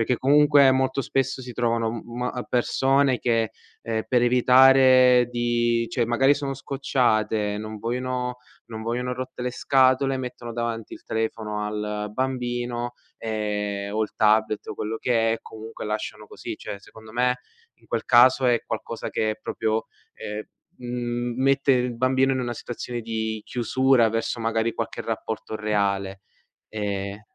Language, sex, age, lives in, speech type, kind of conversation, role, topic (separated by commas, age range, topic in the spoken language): Italian, male, 20-24, Italy, conversation, podcast, host, Come bilanci il tempo tra amicizie digitali e reali?